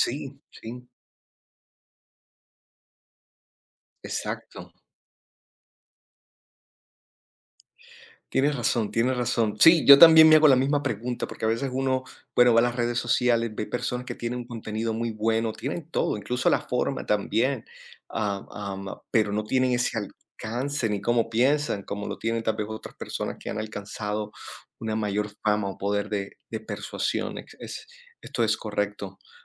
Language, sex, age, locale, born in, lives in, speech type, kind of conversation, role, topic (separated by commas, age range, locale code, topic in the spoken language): Spanish, male, 30-34, es-CO, Colombia, United States, conversation, unstructured, none, ¿Cómo persuades a otras personas para que acepten tus ideas?